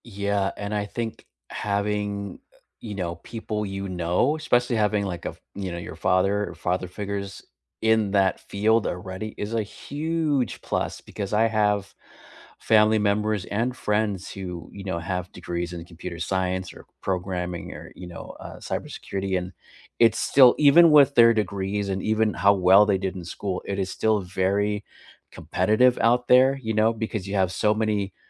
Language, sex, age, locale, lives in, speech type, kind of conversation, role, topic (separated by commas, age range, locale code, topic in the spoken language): English, male, 45-49, en-US, United States, conversation, unstructured, none, How has technology changed the way people learn?